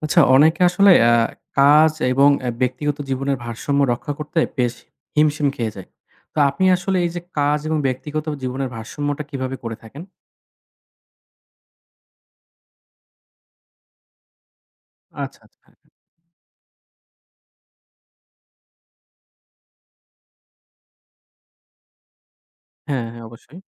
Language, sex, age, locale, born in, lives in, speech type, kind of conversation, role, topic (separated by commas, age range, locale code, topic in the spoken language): Bengali, male, 25-29, bn-BD, Bangladesh, Bangladesh, conversation, podcast, host, আপনি কাজ ও ব্যক্তিগত জীবনের মধ্যে ভারসাম্য কীভাবে বজায় রাখেন?